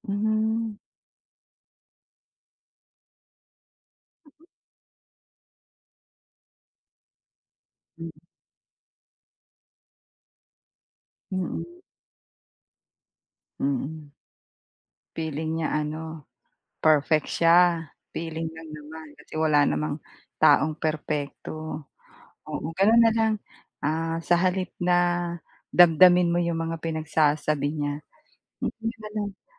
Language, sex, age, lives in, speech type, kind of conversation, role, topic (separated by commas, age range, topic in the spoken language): Filipino, female, 45-49, Philippines, conversation, advice, advisor, Paano ko mapapamahalaan ang damdamin ko kapag nakakatanggap ako ng kritisismo?